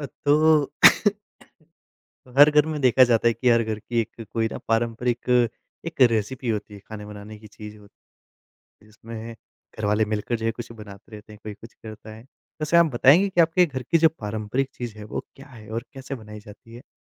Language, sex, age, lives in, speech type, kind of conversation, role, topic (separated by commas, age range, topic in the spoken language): Hindi, male, 25-29, India, conversation, podcast, host, आपके घर की सबसे प्यारी पारिवारिक रेसिपी कौन-सी है?